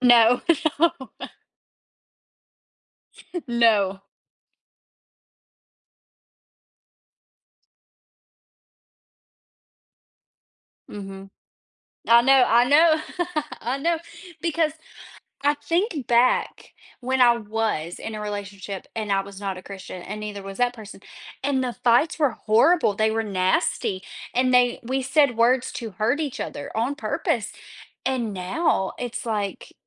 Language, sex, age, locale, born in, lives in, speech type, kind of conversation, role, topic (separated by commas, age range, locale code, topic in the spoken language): English, female, 25-29, en-US, United States, United States, conversation, unstructured, none, What are some common reasons couples argue and how can they resolve conflicts more effectively?